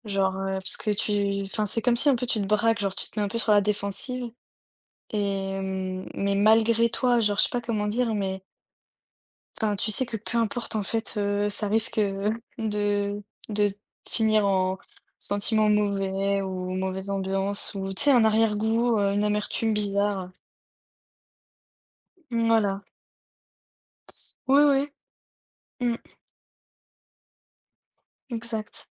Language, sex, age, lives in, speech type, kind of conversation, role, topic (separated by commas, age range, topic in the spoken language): French, female, 20-24, France, conversation, unstructured, none, Comment décrirais-tu ta relation avec ta famille ?